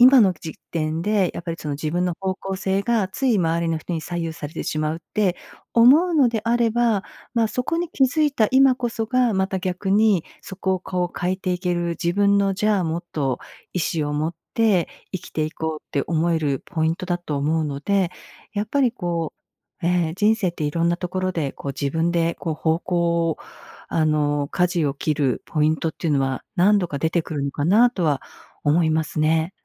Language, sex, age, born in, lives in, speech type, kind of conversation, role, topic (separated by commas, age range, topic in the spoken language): Japanese, female, 55-59, Japan, United States, conversation, advice, advisor, 他人の意見に左右されて自分の方向性が決められない